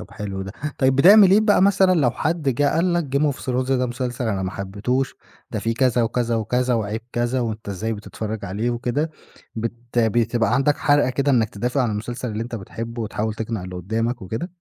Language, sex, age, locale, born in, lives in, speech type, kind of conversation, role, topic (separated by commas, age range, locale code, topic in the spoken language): Arabic, male, 25-29, ar-EG, Egypt, Egypt, conversation, podcast, host, إيه المسلسل اللي حاسس إنك مش قادر تستغنى عنه؟